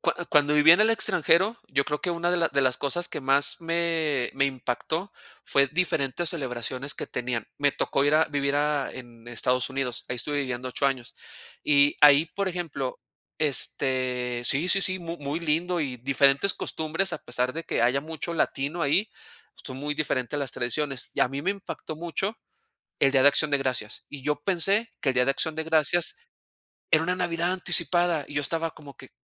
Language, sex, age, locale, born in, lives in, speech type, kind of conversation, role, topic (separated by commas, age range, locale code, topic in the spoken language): Spanish, male, 40-44, es-MX, Mexico, Mexico, conversation, unstructured, none, ¿Tienes alguna tradición familiar que te cause nostalgia?